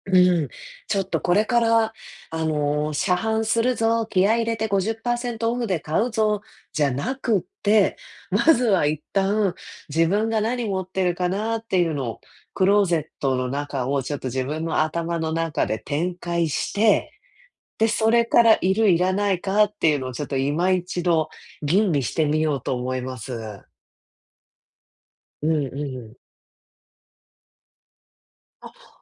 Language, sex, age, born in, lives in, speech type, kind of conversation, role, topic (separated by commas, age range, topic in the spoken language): Japanese, female, 35-39, Japan, United States, conversation, advice, user, 日常で流行に流されずに、自分らしいスタイルを見つけるにはどうすればいいですか？